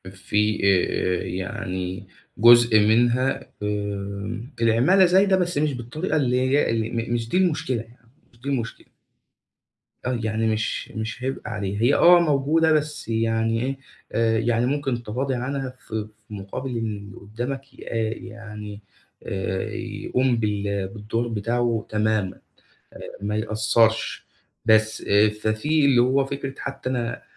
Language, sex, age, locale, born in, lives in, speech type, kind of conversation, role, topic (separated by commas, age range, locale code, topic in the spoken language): Arabic, male, 25-29, ar-EG, Egypt, Egypt, conversation, advice, user, إزاي أخد قرارات في شركتي لما الدنيا تبقى مش واضحة؟